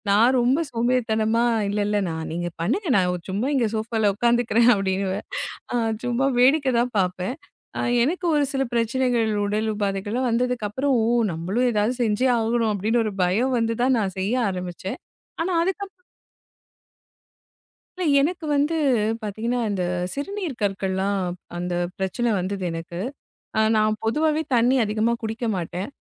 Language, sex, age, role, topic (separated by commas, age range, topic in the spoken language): Tamil, female, 35-39, guest, காலைச் சோர்வை எப்படிச் சமாளிப்பீர்கள்?